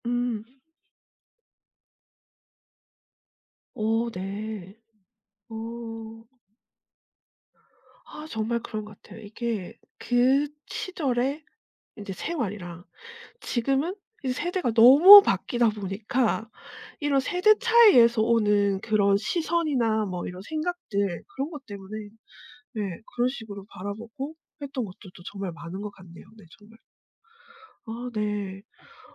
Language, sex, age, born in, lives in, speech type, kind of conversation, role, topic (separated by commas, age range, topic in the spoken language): Korean, female, 40-44, South Korea, South Korea, conversation, podcast, host, 어떤 실패가 오히려 기회가 되었나요?